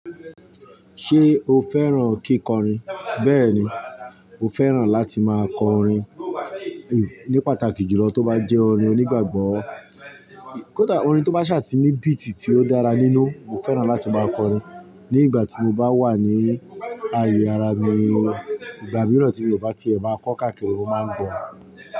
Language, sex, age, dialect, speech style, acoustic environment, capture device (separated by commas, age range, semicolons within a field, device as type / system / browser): Yoruba, male, 35-44, Nigeria - Ibadan, relaxed, appliances, mobile / Linux / Chrome